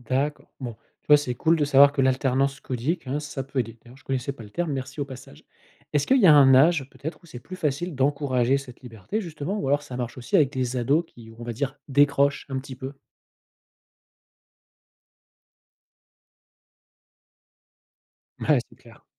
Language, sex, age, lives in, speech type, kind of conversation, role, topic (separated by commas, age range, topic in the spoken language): French, male, 40-44, France, read, expert-assistant, asker, Comment intégrer naturellement une langue minoritaire dans le quotidien sans que ça devienne une corvée ?